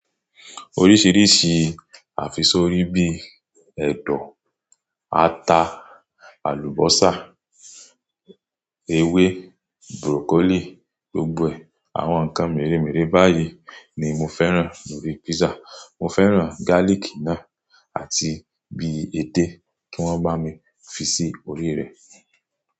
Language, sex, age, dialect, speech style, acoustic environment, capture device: Yoruba, male, 25-34, Nigeria - Ibadan, relaxed, silence, mobile / Android / Chrome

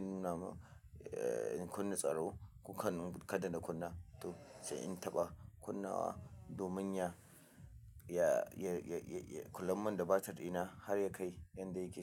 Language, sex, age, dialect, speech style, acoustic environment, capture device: Hausa, male, 25-34, Nigeria - Kano, relaxed, silence, mobile / Linux / Chrome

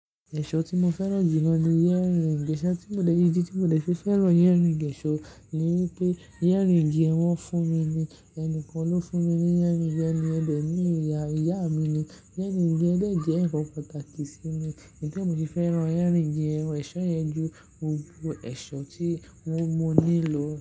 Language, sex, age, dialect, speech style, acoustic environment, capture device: Yoruba, male, 18-24, Nigeria - Lagos Yoruba, happy; relaxed; focused, nature; silence, mobile / Linux / Chrome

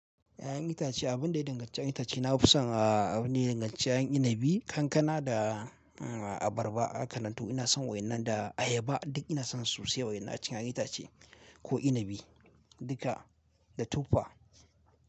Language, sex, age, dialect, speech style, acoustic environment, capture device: Hausa, male, 18-24, Nigeria - Kano, relaxed; focused, nature; appliances, mobile / Linux / Chrome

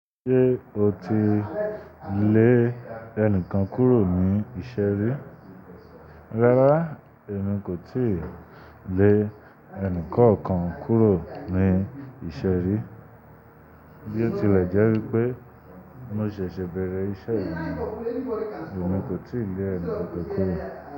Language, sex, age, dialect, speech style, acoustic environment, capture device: Yoruba, male, 25-34, Nigeria - Lagos, relaxed, nature, desktop / Linux / Chrome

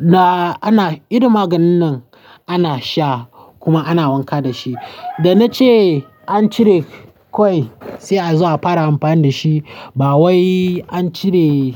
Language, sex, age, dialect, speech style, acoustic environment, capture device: Hausa, male, 18-24, Nigeria - Nigerian Standard, happy; nervous; tired; stressed, people talking; traffic; construction; music, mobile / Linux / Chrome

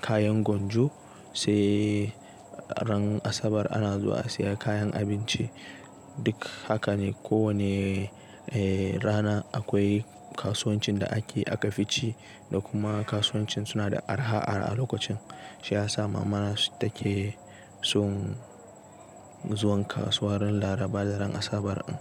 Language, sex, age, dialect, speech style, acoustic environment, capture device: Hausa, male, 18-24, Nigeria - Nigerian Standard, relaxed, nature, desktop / Linux / Chrome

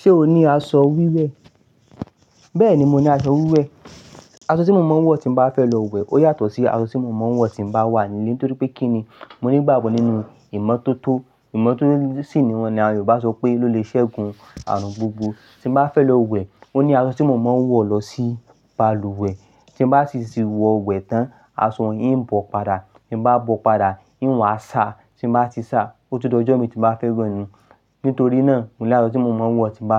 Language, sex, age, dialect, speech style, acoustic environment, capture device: Yoruba, male, 25-34, Nigeria - Ibadan, excited, nature, mobile / Linux / Chrome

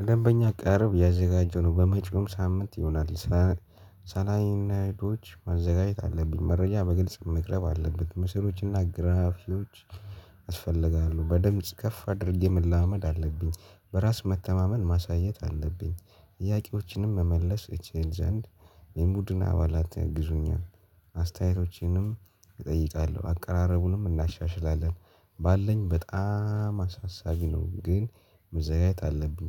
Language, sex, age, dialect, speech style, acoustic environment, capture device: Amharic, male, 18-24, Ethiopia - Addis Ababa, happy, people talking, mobile / Linux / Chrome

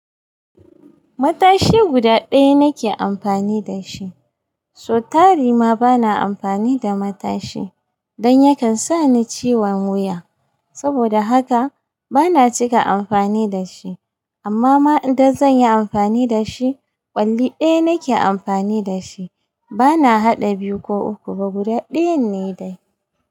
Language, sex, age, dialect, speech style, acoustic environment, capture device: Hausa, female, 35-44, Nigeria - Kano, happy; excited; focused, nature, mobile / Linux / Chrome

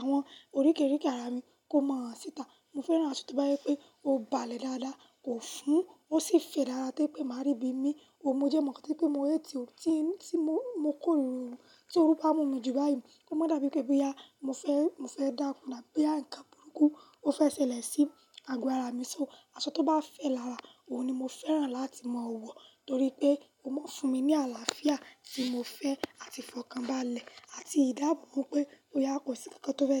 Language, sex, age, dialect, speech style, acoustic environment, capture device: Yoruba, female, 18-24, Nigeria - Lagos Yoruba, nervous, silence, mobile / Linux / Chrome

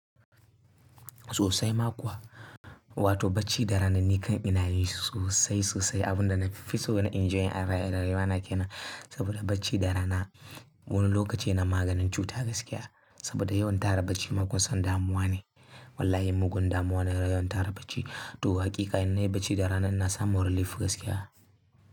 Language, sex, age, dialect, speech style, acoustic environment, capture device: Hausa, male, 18-24, Nigeria - Kano, happy; focused, silence, mobile / Linux / Chrome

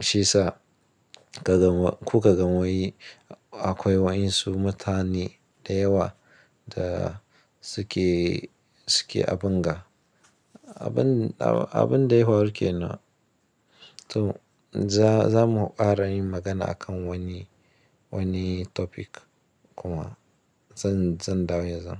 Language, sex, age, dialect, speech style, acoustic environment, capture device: Hausa, male, 25-34, Nigeria - Ibadan, anxious; happy; tired; stressed, nature; silence; appliances, mobile / Linux / Chrome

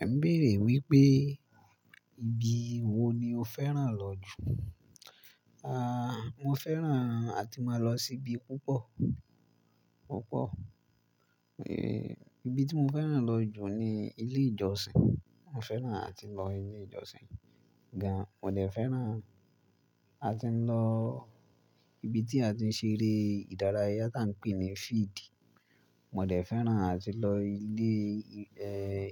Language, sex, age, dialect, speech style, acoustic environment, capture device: Yoruba, male, 18-24, Nigeria - Ibadan, relaxed, nature, mobile / Linux / Chrome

